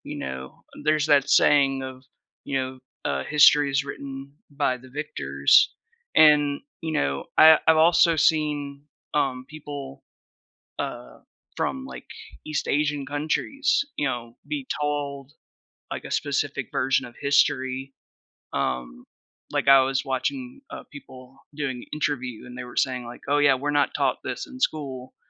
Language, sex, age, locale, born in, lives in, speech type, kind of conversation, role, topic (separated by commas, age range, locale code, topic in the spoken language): English, male, 30-34, en-US, United States, United States, conversation, podcast, host, Why is it important to learn from history when facing today's challenges?